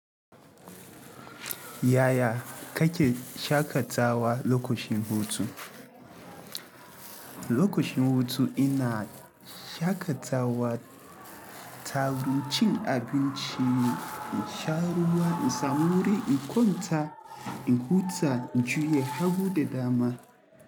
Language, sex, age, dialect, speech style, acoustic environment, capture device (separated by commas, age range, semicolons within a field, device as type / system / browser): Hausa, male, 18-24, Nigeria - Kano, happy, people talking, mobile / Linux / Chrome